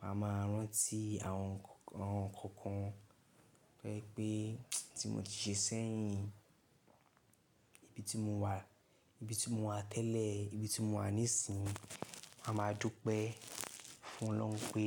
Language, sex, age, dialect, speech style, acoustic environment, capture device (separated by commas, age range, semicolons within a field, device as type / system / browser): Yoruba, male, 18-24, Nigeria - Lagos Yoruba, relaxed, silence, mobile / Linux / Chrome